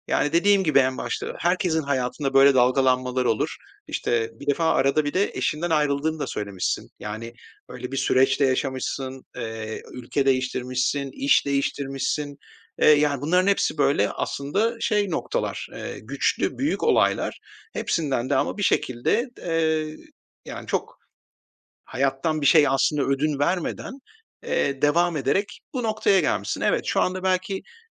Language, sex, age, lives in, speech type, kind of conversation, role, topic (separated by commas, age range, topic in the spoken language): Turkish, male, 45-49, Spain, conversation, advice, advisor, Tasarruf yaparken kendimi neden sürekli mahrum hissediyorum?